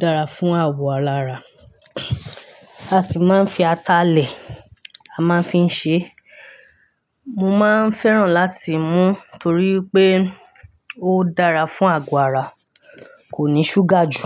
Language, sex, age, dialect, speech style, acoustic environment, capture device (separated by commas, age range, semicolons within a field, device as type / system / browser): Yoruba, female, 25-34, Nigeria - Ibadan, happy; excited; focused; relaxed, nature; silence; construction, mobile / Linux / Chrome